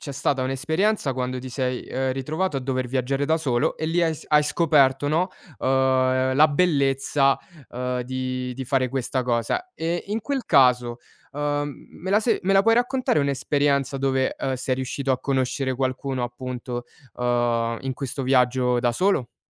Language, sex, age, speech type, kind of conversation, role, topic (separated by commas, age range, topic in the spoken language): Italian, male, 20-24, conversation, podcast, host, Come fai a farti amici quando viaggi in solitaria?